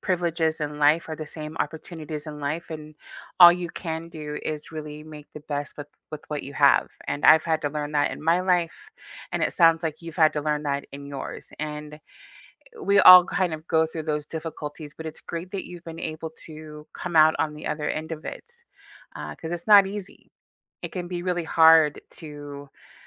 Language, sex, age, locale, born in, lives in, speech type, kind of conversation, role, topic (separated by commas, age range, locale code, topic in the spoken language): English, female, 40-44, en-US, United States, United States, conversation, unstructured, none, How do you celebrate progress without losing sight of what still matters?